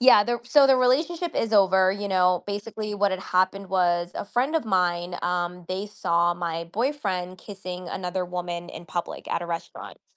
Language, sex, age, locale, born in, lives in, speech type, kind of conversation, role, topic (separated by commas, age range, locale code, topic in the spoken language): English, female, 25-29, en-US, United States, United States, conversation, advice, user, How can I start healing after a long-term relationship ended?